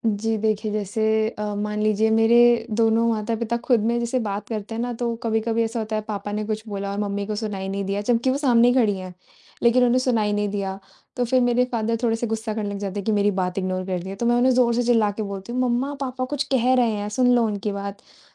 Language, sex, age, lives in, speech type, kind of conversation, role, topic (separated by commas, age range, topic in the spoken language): Hindi, female, 20-24, India, conversation, advice, user, आपको छोटी-छोटी बातों पर बार-बार गुस्सा आने पर कैसा महसूस होता है?